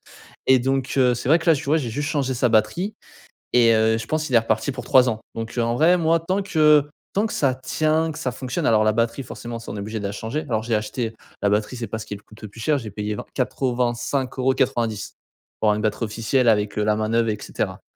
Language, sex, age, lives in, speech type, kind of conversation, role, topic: French, male, 20-24, France, conversation, advice, user, Dépenser de façon impulsive pour soulager le stress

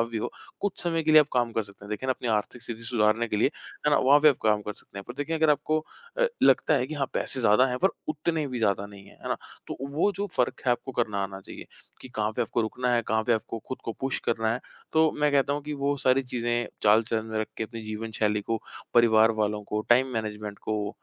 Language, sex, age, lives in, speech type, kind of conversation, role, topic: Hindi, male, 25-29, India, conversation, podcast, guest, कभी कोई बड़ा फैसला गलत निकला तो तुमने सबसे पहली बात क्या सीखी?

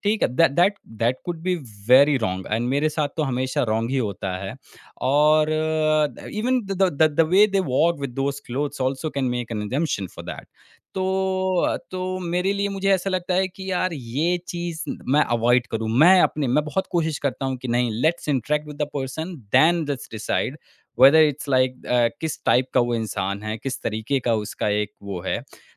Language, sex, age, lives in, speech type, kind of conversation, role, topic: Hindi, male, 30-34, India, conversation, podcast, guest, Apne kapdon se tum apni pehchaan kaise dikhate ho?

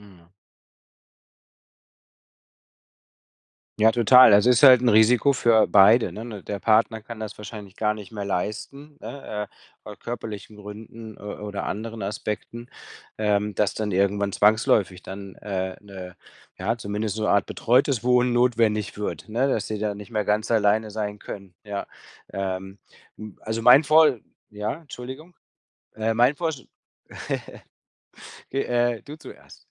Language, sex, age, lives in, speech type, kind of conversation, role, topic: German, male, 50-54, Spain, conversation, advice, advisor, Wie kann ich lernen, Dinge anzunehmen und loszulassen?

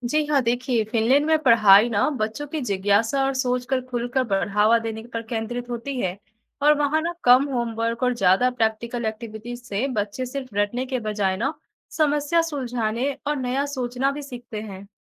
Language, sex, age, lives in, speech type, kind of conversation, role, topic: Hindi, female, 20-24, India, read, expert-assistant, expert, क्या आप बता सकते हैं कि भारत की और फिनलैंड की शिक्षा प्रणालियों में मुख्य अंतर क्या हैं, और ये बच्चों के सीखने पर कैसे असर डालते हैं?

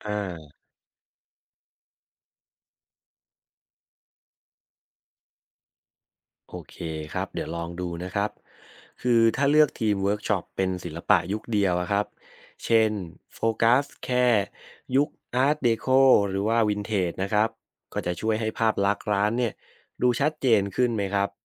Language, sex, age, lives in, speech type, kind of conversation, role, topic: Thai, male, 30-34, Thailand, read, expert-assistant, asker, ถ้าเราลองคิดดู ศิลปะจากยุคก่อน ๆ สามารถต่อยอดให้เกิดไอเดียใหม่ ๆ ในปัจจุบันได้อย่างไรบ้าง?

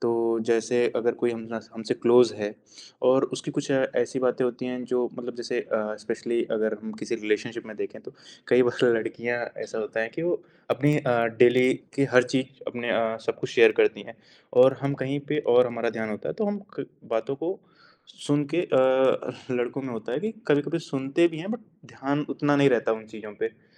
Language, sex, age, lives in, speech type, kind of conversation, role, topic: Hindi, male, 20-24, India, conversation, podcast, guest, Jab tum kisi ki baat sunte ho, toh tum kaise dikhate ho ki tumhe sach mein dilchaspi hai?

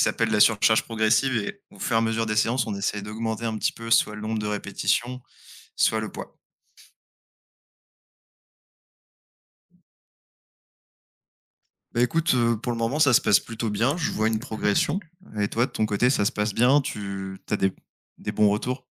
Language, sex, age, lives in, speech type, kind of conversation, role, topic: French, male, 25-29, France, conversation, unstructured, none, Que ressens-tu après avoir atteint un objectif sportif ?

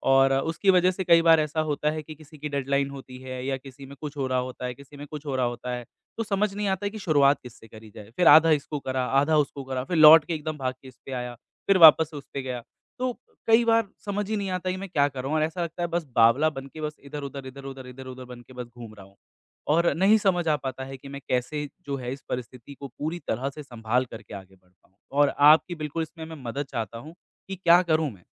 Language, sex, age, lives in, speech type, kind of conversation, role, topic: Hindi, male, 25-29, India, conversation, advice, user, आपको अपने कामों की प्राथमिकता तय करने में दिक्कत क्यों होती है और इससे कौन-से जरूरी काम छूट जाते हैं?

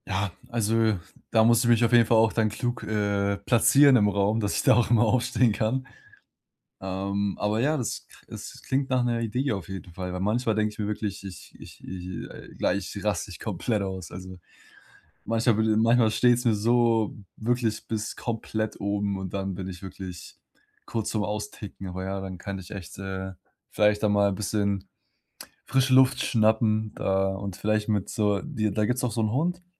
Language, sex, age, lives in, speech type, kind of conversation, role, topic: German, male, 20-24, Germany, conversation, advice, user, Wie gehe ich am besten mit kritischen Verwandten um?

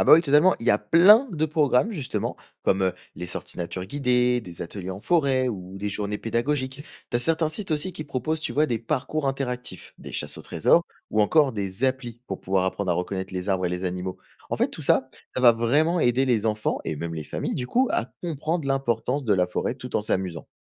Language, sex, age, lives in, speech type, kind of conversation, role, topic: French, male, 20-24, France, read, expert-assistant, expert, Pourquoi les forêts sont-elles si importantes pour l’équilibre de la nature ?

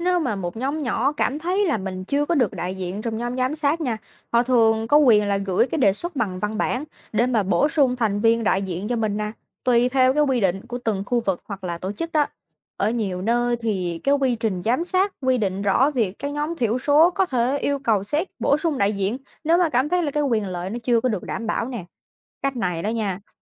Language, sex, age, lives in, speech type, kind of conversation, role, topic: Vietnamese, female, 20-24, United States, read, expert-assistant, expert, Có những cách thực tế nào giúp ngăn ngừa xung đột tôn giáo trong cộng đồng?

